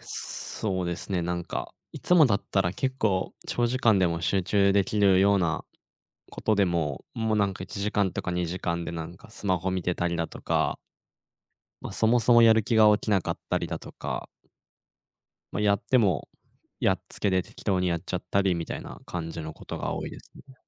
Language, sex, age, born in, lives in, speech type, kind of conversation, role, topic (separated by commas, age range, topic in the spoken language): Japanese, male, 20-24, Japan, Japan, conversation, podcast, guest, 勉強のモチベーションが下がったとき、どう立て直す？